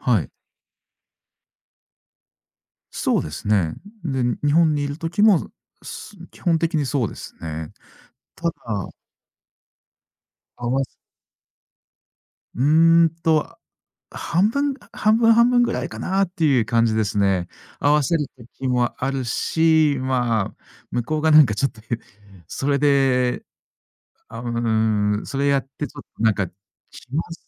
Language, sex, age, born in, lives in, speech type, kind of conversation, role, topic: Japanese, male, 40-44, Japan, Japan, conversation, podcast, guest, 視線の使い方で相手に与える印象はどう変わると思いますか？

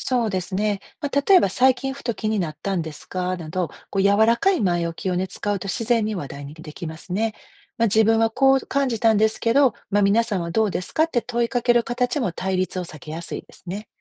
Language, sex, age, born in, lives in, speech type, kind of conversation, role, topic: Japanese, female, 50-54, Japan, United States, read, expert-assistant, expert, 社会の決まりや暗黙のルールに疑問を感じた時、どうやって自分なりに向き合えばいいでしょうか？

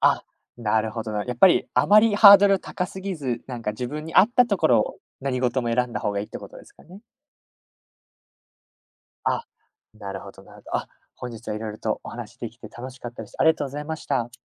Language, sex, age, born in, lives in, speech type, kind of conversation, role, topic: Japanese, male, 20-24, United States, Japan, conversation, podcast, host, 転職や進学を経て、自分はどう変わりましたか？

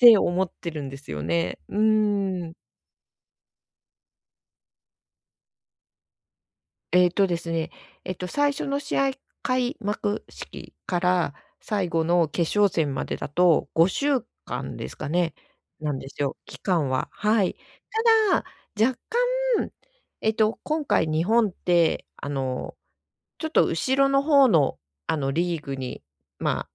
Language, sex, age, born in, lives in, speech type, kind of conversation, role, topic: Japanese, female, 55-59, Japan, Japan, conversation, advice, user, 旅行の計画をどう立てれば、不安を減らせますか？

